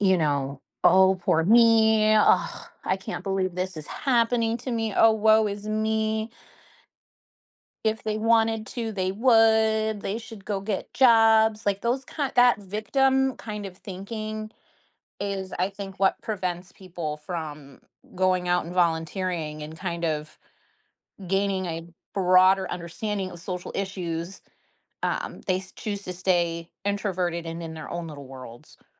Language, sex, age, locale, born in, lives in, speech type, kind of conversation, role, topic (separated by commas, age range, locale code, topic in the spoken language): English, female, 40-44, en-US, United States, United States, conversation, podcast, guest, In what ways can volunteering help people gain a deeper understanding of their community and social issues?